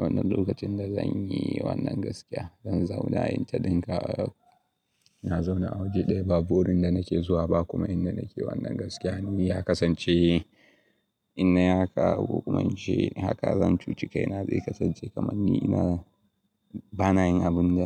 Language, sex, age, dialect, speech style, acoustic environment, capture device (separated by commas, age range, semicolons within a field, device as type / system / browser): Hausa, male, 18-24, Nigeria - Kano, excited; happy, traffic; people talking, mobile / Linux / Chrome